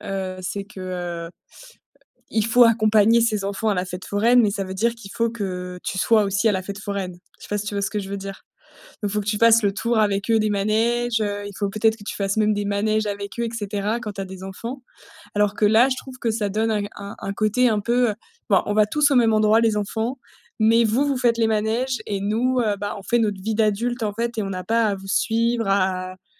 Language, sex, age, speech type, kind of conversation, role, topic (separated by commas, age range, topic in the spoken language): French, female, 30-34, conversation, podcast, host, Peux-tu raconter une fête ou un festival où tu t’es senti chez toi ?